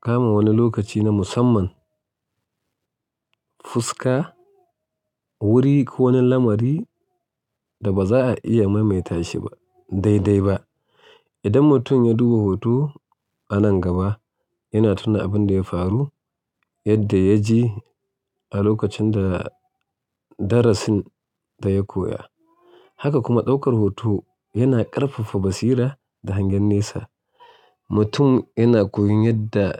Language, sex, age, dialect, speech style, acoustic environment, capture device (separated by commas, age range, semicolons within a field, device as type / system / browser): Hausa, male, 35-44, Nigeria - Kano, relaxed, nature; silence, mobile / Linux / Chrome